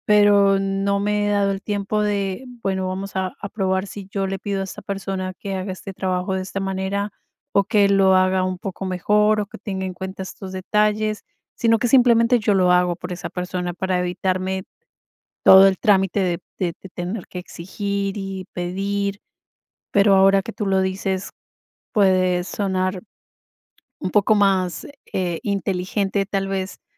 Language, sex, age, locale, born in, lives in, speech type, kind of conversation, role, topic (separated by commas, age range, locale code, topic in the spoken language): Spanish, female, 45-49, es-CO, Colombia, United States, conversation, advice, user, ¿Cómo puedo saber qué tareas debo delegar y cuándo hacerlo?